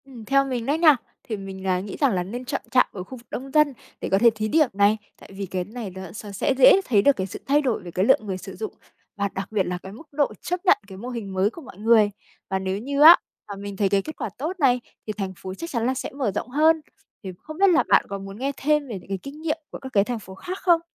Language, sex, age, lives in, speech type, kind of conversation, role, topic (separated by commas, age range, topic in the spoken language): Vietnamese, female, 25-29, Vietnam, read, expert-assistant, expert, Nếu bạn là người quyết định, bạn sẽ ưu tiên phát triển giao thông công cộng, không gian xanh hay nhà ở trước cho thành phố mình sống? Vì sao?